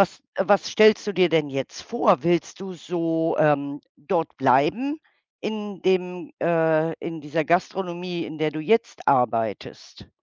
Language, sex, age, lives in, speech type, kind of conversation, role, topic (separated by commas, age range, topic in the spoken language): German, female, 60-64, Germany, conversation, unstructured, none, Was war dein stolzester Moment im Beruf?